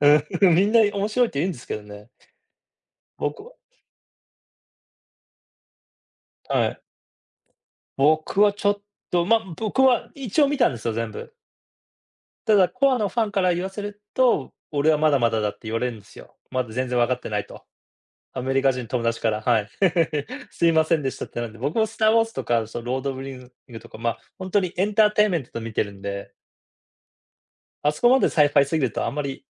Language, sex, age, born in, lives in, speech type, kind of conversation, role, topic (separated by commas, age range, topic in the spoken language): Japanese, male, 40-44, Japan, United States, conversation, unstructured, none, おすすめの映画やドラマはありますか？